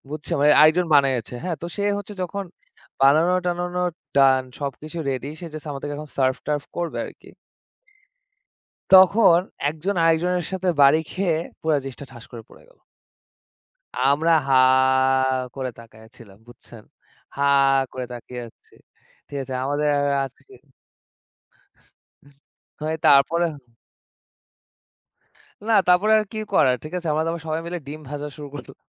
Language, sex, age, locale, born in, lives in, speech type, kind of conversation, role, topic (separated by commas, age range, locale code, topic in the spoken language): Bengali, male, 25-29, bn-BD, Bangladesh, Bangladesh, conversation, unstructured, none, আপনার মতে ধর্মীয় উৎসবগুলো কেন এত আনন্দদায়ক?